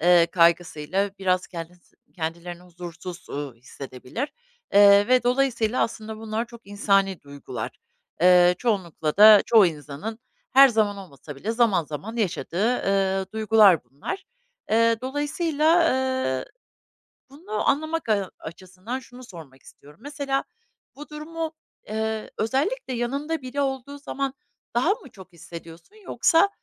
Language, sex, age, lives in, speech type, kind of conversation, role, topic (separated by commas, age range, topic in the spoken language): Turkish, female, 50-54, United States, conversation, advice, advisor, Kendi yeterliliğini sürekli sorgulayıp yetersiz hissettiğini ne zaman ve hangi durumlarda daha çok yaşıyorsun?